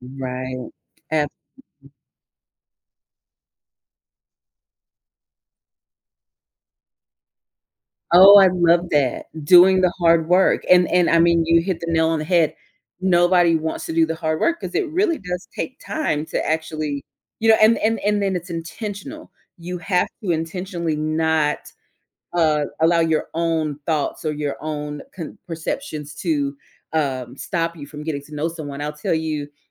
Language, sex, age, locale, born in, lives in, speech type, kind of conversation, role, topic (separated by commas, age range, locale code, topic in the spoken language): English, female, 35-39, en-US, United States, United States, conversation, podcast, guest, What helps people build stronger connections across different cultures and experiences?